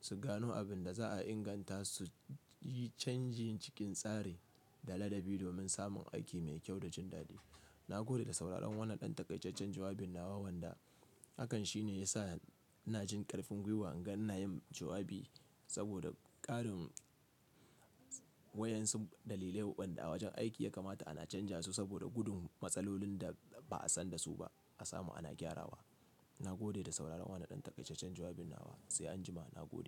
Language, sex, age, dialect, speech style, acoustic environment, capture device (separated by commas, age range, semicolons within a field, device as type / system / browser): Hausa, male, 25-34, Nigeria - Kano, relaxed, nature, mobile / Linux / Chrome